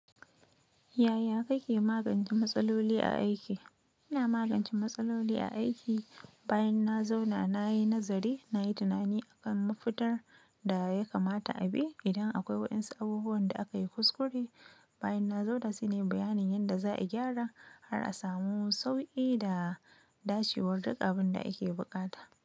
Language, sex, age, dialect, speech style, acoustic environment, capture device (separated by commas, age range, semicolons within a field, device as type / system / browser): Hausa, female, 25-34, Nigeria - Nigerian Standard, happy, silence, mobile / Linux / Chrome